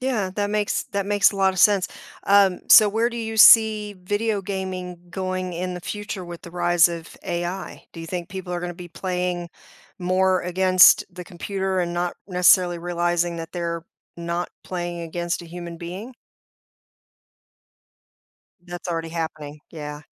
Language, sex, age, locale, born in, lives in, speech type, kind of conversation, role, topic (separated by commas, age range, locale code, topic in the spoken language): English, female, 50-54, en-US, United States, United States, conversation, podcast, host, How has pursuing a hobby or skill shaped your life and personal growth?